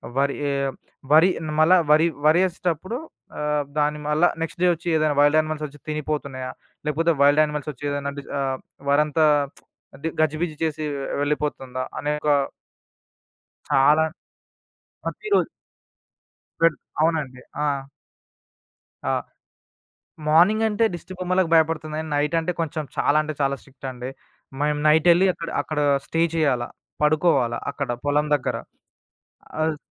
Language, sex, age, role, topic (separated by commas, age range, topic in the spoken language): Telugu, male, 20-24, guest, స్థానిక రైతుల నుంచి నేరుగా కొనుగోలు చేయడం ప్రారంభించాలంటే ఏమేమి చేయాలి?